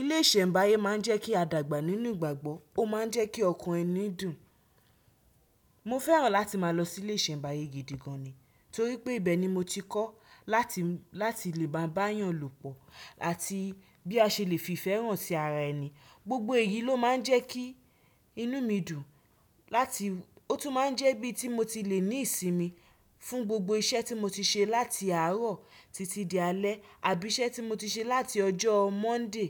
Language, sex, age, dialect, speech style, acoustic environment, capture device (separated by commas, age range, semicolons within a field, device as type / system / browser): Yoruba, female, 25-34, Nigeria - Nigerian Standard, focused, people talking, desktop / Windows / Chrome